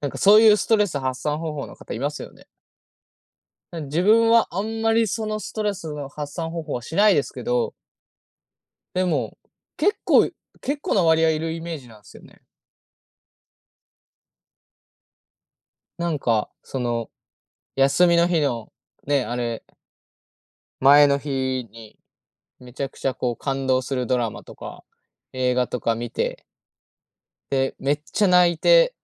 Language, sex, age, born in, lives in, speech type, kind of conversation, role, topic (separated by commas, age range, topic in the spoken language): Japanese, male, 20-24, Japan, Japan, conversation, unstructured, none, 涙を流すことは心に良い影響がありますか？